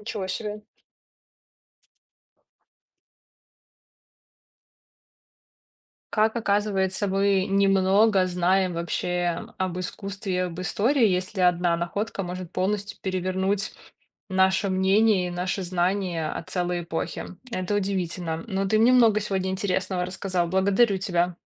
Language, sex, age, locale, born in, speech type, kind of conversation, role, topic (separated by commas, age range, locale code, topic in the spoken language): Russian, female, 30-34, ru-RU, Belarus, read, expert-assistant, asker, Что делать, если источники по истории искусства говорят разное — как выбрать, кому верить?